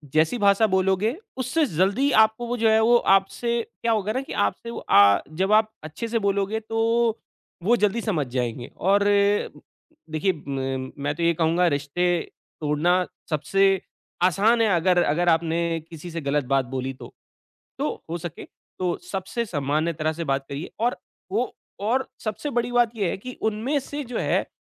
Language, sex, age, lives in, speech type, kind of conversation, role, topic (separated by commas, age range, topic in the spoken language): Hindi, male, 40-44, India, conversation, advice, advisor, परिवार की मुलाकातों में रिश्ते खराब किए बिना अपनी सीमाएँ कैसे तय करूँ?